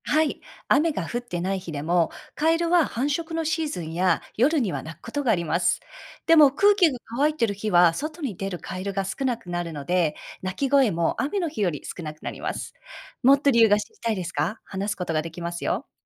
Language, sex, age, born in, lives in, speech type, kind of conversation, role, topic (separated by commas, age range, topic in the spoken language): Japanese, female, 40-44, Japan, United States, read, expert-assistant, expert, なぜカエルは雨の日によく鳴くのか説明してください。